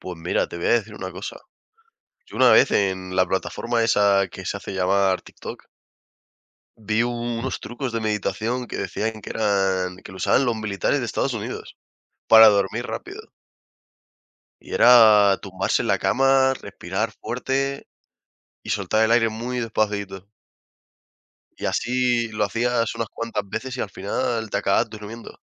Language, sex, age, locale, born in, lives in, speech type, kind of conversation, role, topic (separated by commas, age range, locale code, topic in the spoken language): Spanish, male, 20-24, es-ES, Spain, Spain, conversation, unstructured, none, ¿Cómo integras la meditación en tu día a día?